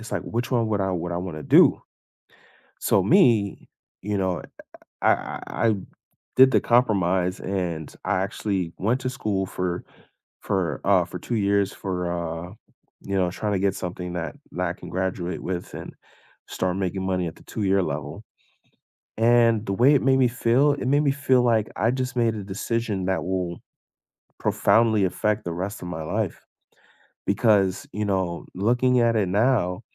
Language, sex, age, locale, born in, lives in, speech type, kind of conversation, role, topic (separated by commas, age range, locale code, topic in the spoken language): English, male, 25-29, en-US, United States, United States, conversation, unstructured, none, What is the hardest part about negotiating your future with others?